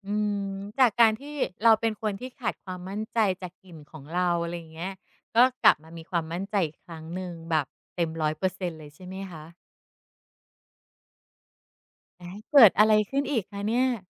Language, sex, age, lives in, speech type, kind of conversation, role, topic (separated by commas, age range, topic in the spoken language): Thai, female, 45-49, Thailand, conversation, podcast, host, คุณรับมืออย่างไรเมื่อรู้สึกไม่มั่นใจในตัวเอง?